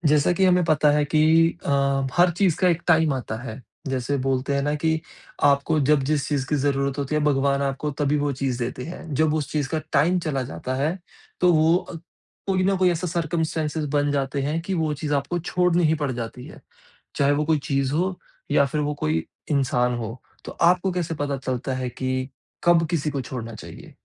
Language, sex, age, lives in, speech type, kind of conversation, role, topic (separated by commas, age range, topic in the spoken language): Hindi, male, 30-34, India, conversation, podcast, host, Kaise pata chalta hai ki humein kisi cheez ko chhod dena chahiye?